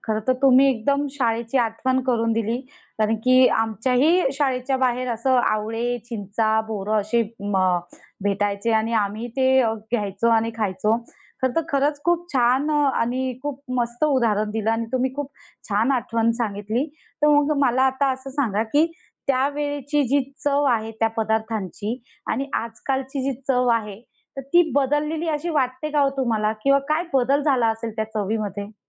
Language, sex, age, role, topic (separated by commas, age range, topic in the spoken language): Marathi, female, 30-34, host, खाद्यपदार्थांशी जोडलेले जुने किस्से किंवा गोष्टी तुमच्याकडे आहेत का?